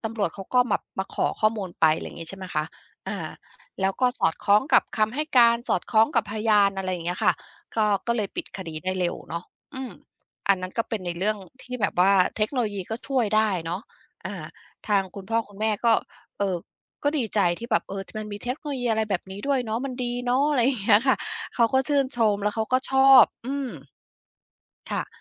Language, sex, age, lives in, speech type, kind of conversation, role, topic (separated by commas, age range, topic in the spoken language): Thai, female, 50-54, United States, conversation, podcast, guest, คุณสอนคนในบ้านให้ใช้เทคโนโลยีอย่างไร?